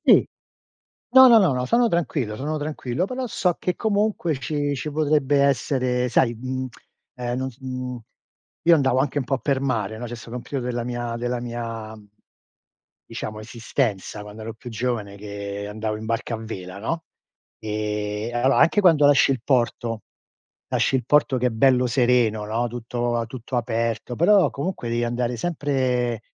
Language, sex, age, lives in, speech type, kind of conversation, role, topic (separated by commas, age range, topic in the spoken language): Italian, male, 60-64, United States, conversation, unstructured, none, Che cosa hai imparato dalle tue difficoltà più grandi?